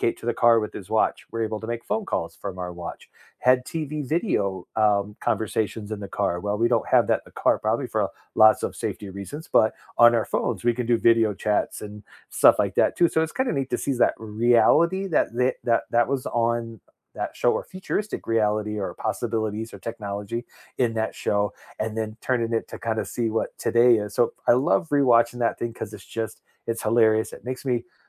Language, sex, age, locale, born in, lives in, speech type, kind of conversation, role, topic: English, male, 45-49, en-US, United States, United States, conversation, unstructured, none, What comfort TV shows do you rewatch when life gets hectic?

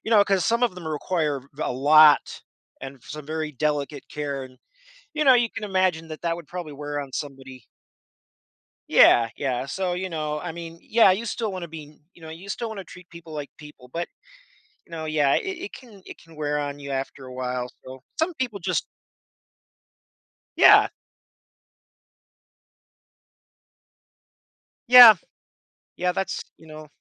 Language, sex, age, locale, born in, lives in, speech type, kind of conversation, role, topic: English, male, 30-34, en-US, United States, United States, conversation, advice, advisor, How can I prioritize my tasks when everything feels urgent?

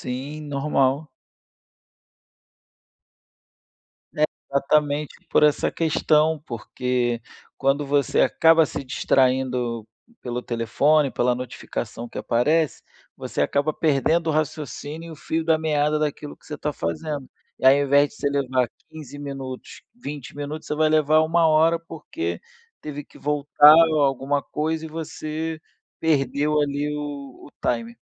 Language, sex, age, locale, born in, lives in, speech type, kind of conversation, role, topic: Portuguese, male, 35-39, pt-BR, Brazil, Spain, conversation, advice, advisor, Como posso reduzir distrações e manter o foco nas tarefas mais urgentes e importantes do dia?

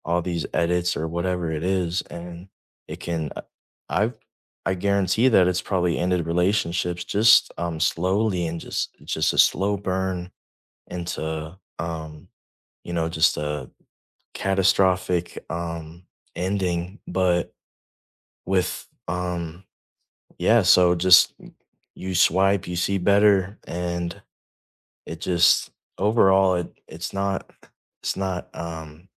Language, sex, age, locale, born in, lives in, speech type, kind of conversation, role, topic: English, male, 18-19, en-US, United States, United States, conversation, unstructured, none, How does social media affect our mental health?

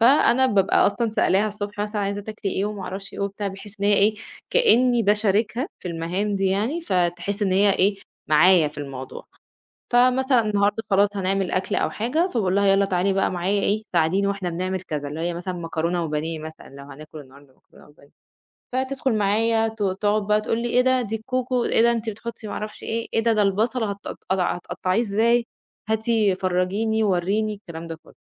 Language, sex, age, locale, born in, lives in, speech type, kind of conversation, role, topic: Arabic, female, 30-34, ar-EG, Egypt, Egypt, conversation, podcast, guest, إزاي بتوازنوا بين الشغل وتربية الولاد؟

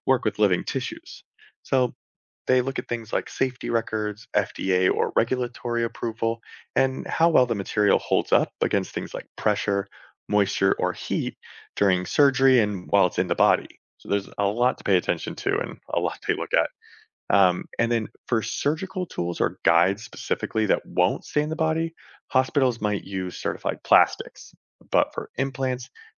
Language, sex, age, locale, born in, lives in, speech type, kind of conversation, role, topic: English, male, 35-39, en-US, United States, United States, read, expert-assistant, expert, What are the possibilities of 3D printing in medicine?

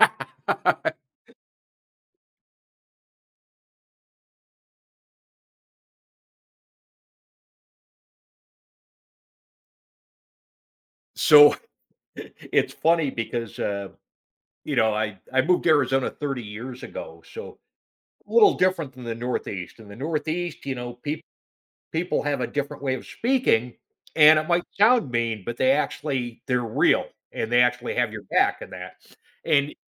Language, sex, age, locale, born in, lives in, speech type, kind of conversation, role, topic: English, male, 55-59, en-US, United States, United States, conversation, podcast, host, How do the qualities you look for in a friend shape your relationships?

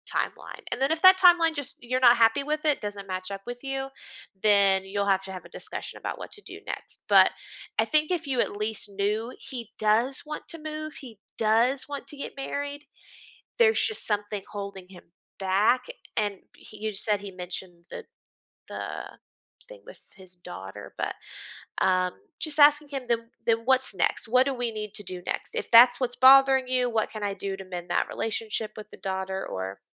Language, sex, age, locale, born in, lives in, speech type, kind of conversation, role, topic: English, female, 30-34, en-US, United States, United States, conversation, advice, advisor, How can I cope with feeling disgusted by my partner's dishonesty?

